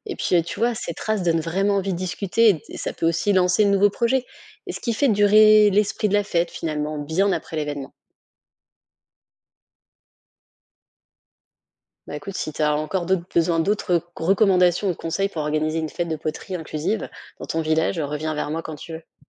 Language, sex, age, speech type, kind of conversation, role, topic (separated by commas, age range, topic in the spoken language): French, female, 35-39, read, expert-assistant, expert, Comment organiser une fête de la poterie inclusive et conviviale au village ?